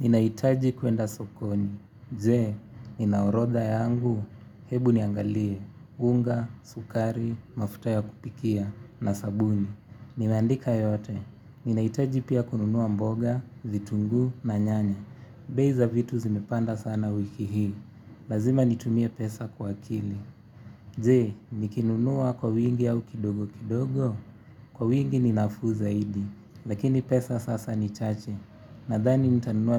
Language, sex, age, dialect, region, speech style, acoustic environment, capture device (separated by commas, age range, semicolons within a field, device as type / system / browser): Swahili, male, 18-24, Kenya - Nairobi Sheng-influenced, Kenya, happy; relaxed; focused, music; nature; silence; traffic; appliances, mobile / Linux / Chrome